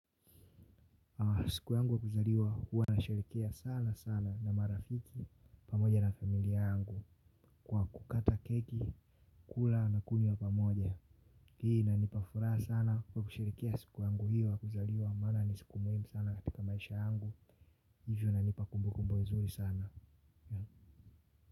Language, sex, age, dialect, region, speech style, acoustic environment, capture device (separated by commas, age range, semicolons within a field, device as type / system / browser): Swahili, male, 18-24, Tanzania - Dar es Salaam, Tanzania, relaxed; happy, people talking; silence, mobile / Linux / Chrome